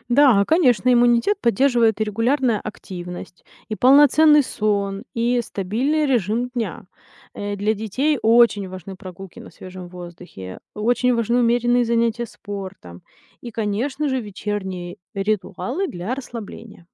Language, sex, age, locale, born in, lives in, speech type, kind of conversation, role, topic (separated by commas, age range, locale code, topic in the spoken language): Russian, female, 30-34, ru-RU, Ukraine, United States, read, expert-assistant, expert, Какие простые привычки помогают снизить риск простуды и гриппа для всей семьи?